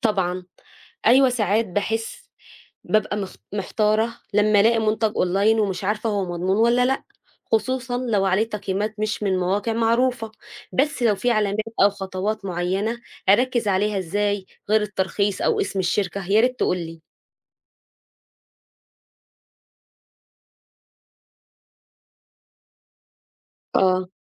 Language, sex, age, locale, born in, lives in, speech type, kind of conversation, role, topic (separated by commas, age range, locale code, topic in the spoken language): Arabic, female, 35-39, ar-EG, Egypt, United States, read, expert-assistant, asker, ليه بعض الناس بتلجأ للعلاج الشعبي أو الأعشاب قبل ما تزور دكتور، وهل ده ليه أصول ثقافية أو اجتماعية؟